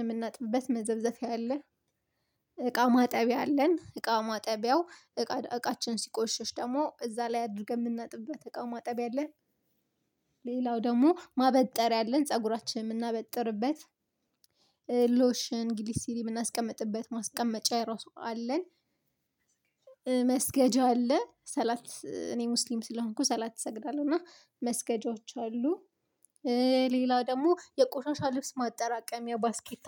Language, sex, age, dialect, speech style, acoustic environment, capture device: Amharic, female, 25-34, Ethiopia - Addis Ababa, focused, nature, mobile / Linux / Chrome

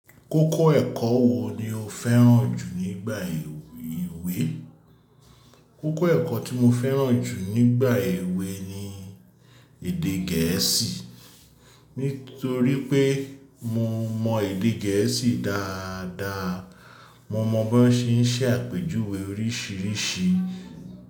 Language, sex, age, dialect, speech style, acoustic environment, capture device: Yoruba, male, 35-44, Nigeria - Lagos Yoruba, relaxed, silence, mobile / Linux / Chrome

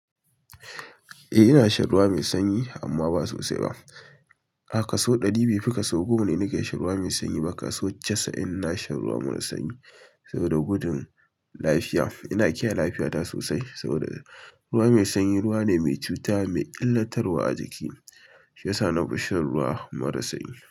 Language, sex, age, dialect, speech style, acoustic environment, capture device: Hausa, male, 25-34, Nigeria - Kano, relaxed; focused, silence, mobile / Linux / Chrome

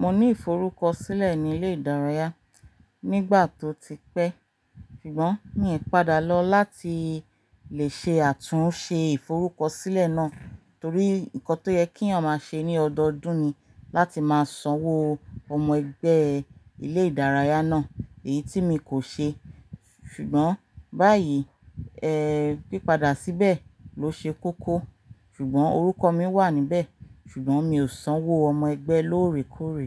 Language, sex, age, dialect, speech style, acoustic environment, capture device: Yoruba, female, 35-44, Nigeria - Ibadan, relaxed, nature; silence; appliances, mobile / Linux / Chrome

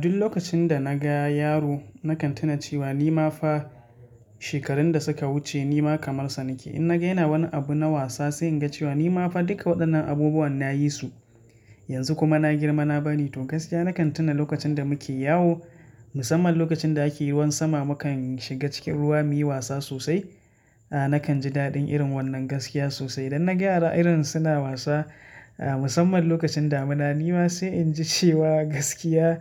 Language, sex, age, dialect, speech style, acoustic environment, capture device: Hausa, male, 25-34, Nigeria - Katsina, happy, nature; silence, mobile / Linux / Chrome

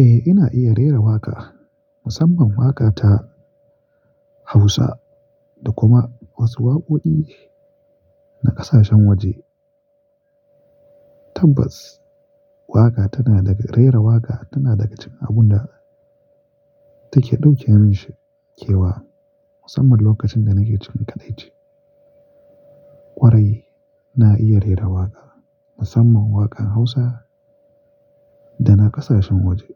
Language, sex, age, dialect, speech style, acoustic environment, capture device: Hausa, male, 25-34, Nigeria - Kano, happy; excited; focused; nervous, nature; appliances, mobile / Linux / Chrome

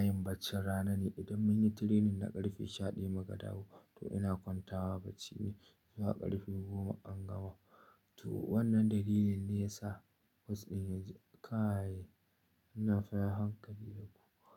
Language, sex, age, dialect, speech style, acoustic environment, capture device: Hausa, male, 25-34, Nigeria - Nigerian Standard, focused, nature; silence, mobile / Linux / Chrome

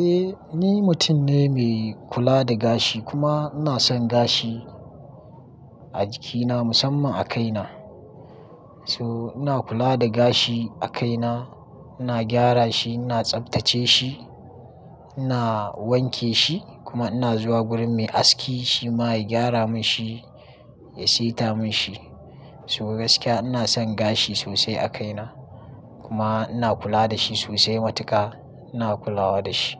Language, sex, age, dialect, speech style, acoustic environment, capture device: Hausa, male, 25-34, Nigeria - Kano, happy; excited, nature; construction, mobile / Linux / Chrome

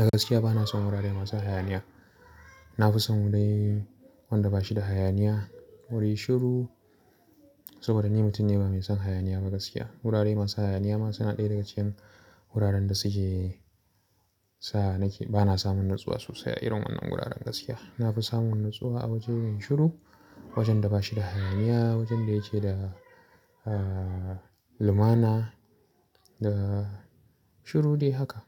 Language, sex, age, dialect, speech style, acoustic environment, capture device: Hausa, male, 25-34, Nigeria - Kano, relaxed, silence, mobile / Linux / Chrome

